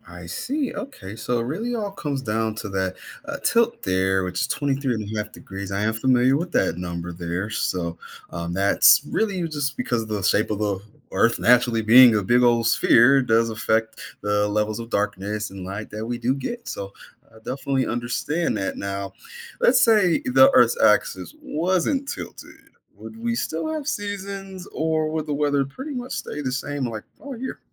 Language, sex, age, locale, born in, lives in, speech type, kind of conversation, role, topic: English, male, 30-34, en-US, United States, United States, read, expert-assistant, asker, What causes the seasons to change?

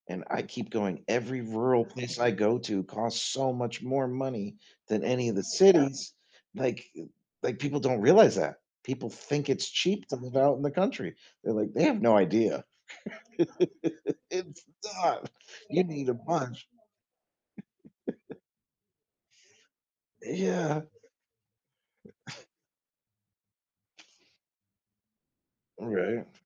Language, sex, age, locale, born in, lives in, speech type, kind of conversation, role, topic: English, male, 45-49, en-US, United States, United States, conversation, unstructured, none, How do you decide between exploring new places and building a life in one community?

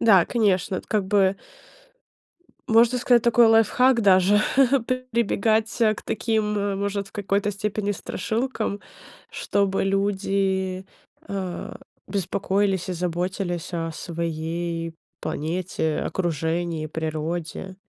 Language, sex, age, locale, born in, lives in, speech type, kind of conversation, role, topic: Russian, female, 25-29, ru-RU, Ukraine, United States, conversation, podcast, host, Какие приметы из детства вы до сих пор соблюдаете?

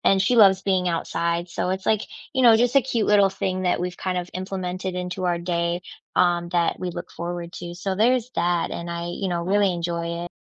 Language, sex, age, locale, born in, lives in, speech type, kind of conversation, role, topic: English, female, 25-29, en-US, United States, United States, conversation, unstructured, none, What simple daily routine lifts your mood and sets a positive tone for your day?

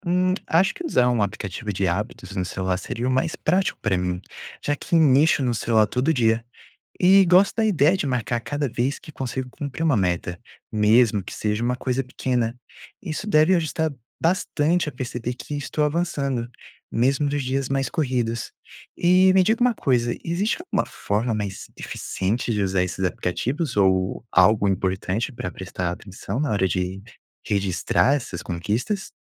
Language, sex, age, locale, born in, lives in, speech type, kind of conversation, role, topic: Portuguese, male, 20-24, pt-BR, Brazil, United States, read, expert-assistant, asker, Como criar metas realistas e manter a motivação na rotina?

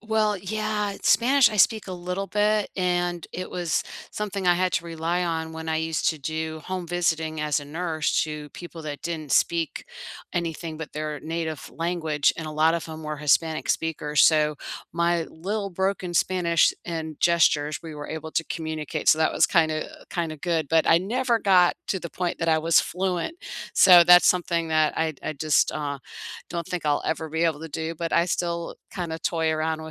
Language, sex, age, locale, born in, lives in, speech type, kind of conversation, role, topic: English, female, 55-59, en-US, United States, United States, conversation, unstructured, none, What is one small, doable goal you’re excited to pursue this season, and why does it matter to you?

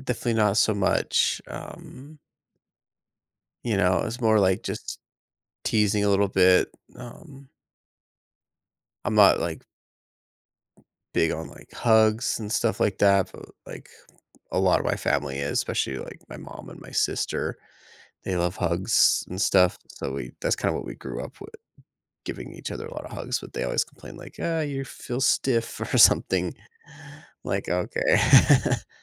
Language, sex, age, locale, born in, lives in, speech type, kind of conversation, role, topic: English, male, 30-34, en-US, United States, United States, conversation, advice, user, How can I start sharing my feelings with family and friends?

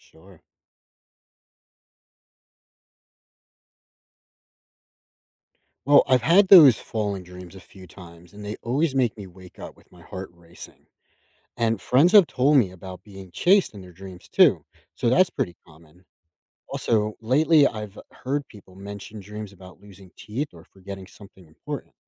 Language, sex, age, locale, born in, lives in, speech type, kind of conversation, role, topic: English, male, 30-34, en-US, United States, United States, read, expert-assistant, asker, How do different cultures interpret dream meanings?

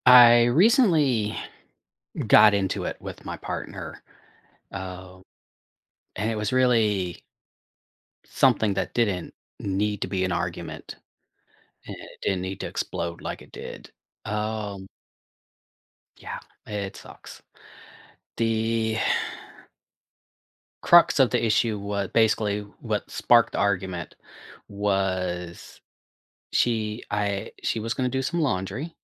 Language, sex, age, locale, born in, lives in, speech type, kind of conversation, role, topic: English, male, 45-49, en-US, United States, United States, conversation, advice, user, How can I discuss a recent disagreement with my partner?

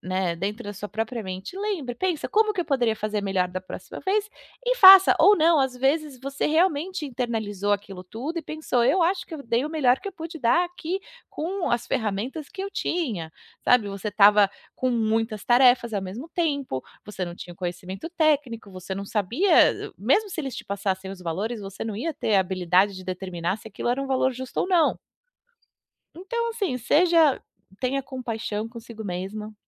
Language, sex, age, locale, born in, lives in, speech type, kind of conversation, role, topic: Portuguese, female, 35-39, pt-BR, Brazil, United States, conversation, advice, advisor, Como posso lidar com o medo da rejeição quando recebo críticas?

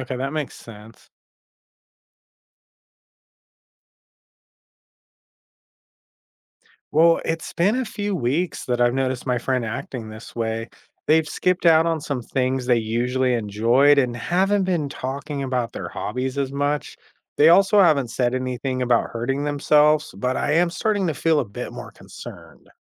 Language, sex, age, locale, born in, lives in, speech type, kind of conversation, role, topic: English, male, 35-39, en-US, United States, United States, read, expert-assistant, asker, How can you support a friend who is feeling down?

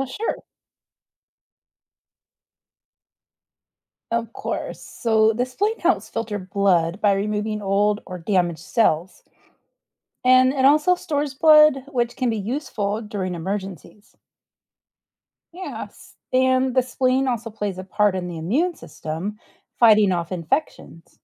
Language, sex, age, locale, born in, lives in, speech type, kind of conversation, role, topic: English, female, 45-49, en-US, United States, United States, read, expert-assistant, expert, What is the purpose of the spleen?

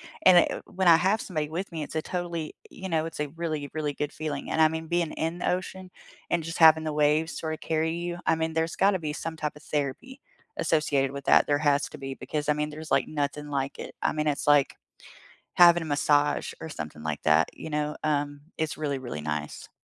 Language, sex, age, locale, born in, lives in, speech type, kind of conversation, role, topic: English, female, 30-34, en-US, United States, United States, conversation, unstructured, none, Where was the last place you felt truly relaxed, and why?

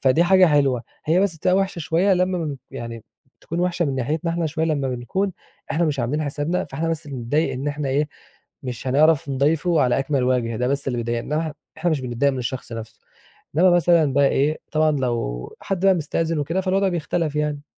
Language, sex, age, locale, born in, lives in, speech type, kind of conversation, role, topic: Arabic, male, 20-24, ar-EG, Egypt, Egypt, conversation, podcast, guest, إزاي بتتعامل مع ضيوف بييجوا فجأة في البيت؟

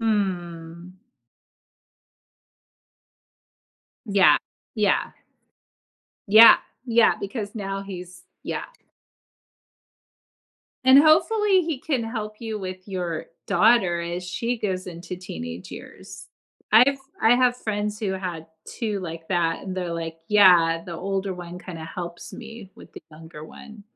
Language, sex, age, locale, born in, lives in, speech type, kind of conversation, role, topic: English, female, 45-49, en-US, United States, United States, conversation, podcast, host, How have your biggest mistakes helped you grow or change your perspective?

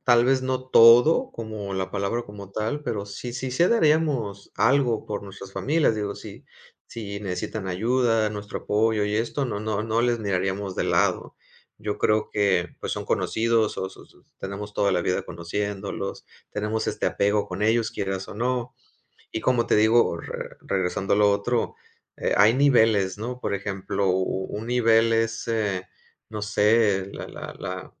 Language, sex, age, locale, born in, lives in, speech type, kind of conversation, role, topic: Spanish, male, 40-44, es-MX, Mexico, Mexico, conversation, unstructured, none, ¿Cómo te afecta escuchar historias de familias separadas por conflictos?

